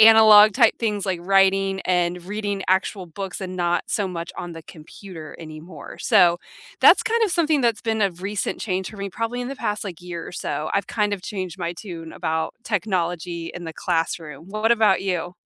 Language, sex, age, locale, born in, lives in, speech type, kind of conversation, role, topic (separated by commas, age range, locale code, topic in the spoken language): English, female, 30-34, en-US, United States, United States, conversation, unstructured, none, How has your approach to learning changed lately, and what experiences or people sparked those shifts?